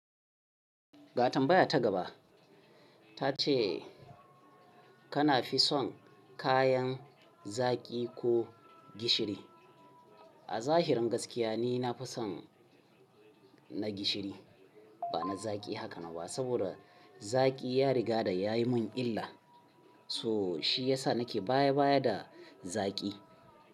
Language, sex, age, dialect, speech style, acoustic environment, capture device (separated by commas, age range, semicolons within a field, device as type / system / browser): Hausa, male, 35-44, Nigeria - Kano, happy, people talking, mobile / Linux / Chrome